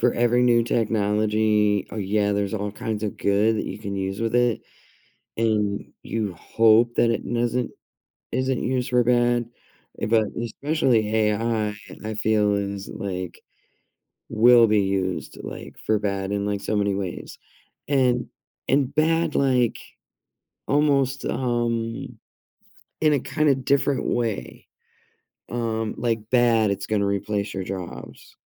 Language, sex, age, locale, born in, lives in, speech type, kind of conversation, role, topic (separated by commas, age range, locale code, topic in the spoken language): English, female, 50-54, en-US, United States, United States, conversation, unstructured, none, How do you think artificial intelligence will change our daily lives in the future?